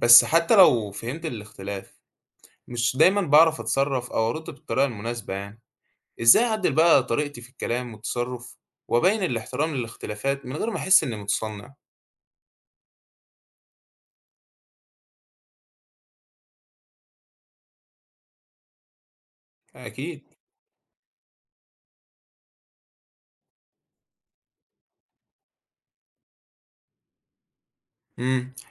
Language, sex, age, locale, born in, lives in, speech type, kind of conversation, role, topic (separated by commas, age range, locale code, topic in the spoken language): Arabic, male, 18-19, ar-EG, Egypt, Egypt, read, expert-assistant, asker, لو عايز أطور نفسي في فهم الاختلافات الثقافية بين الشعوب، أبتدي منين وازاي أمارس ده في حياتي اليومية؟